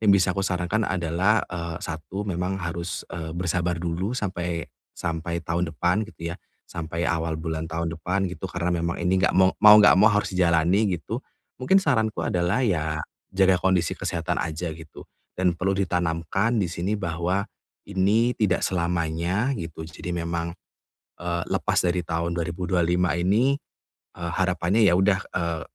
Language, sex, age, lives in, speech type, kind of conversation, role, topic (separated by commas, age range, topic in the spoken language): Indonesian, male, 30-34, Indonesia, conversation, advice, advisor, Bagaimana cara membangun kebiasaan untuk bersantai setelah pulang kerja setiap hari?